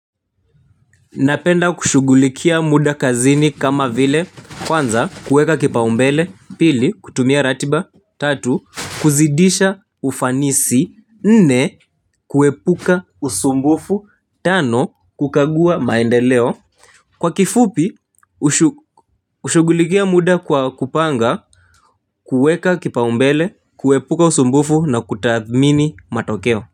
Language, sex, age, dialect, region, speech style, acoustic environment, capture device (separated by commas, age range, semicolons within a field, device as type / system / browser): Swahili, male, 18-24, Kenya - Nairobi Sheng-influenced, Kenya, happy, nature; people talking, mobile / Linux / Chrome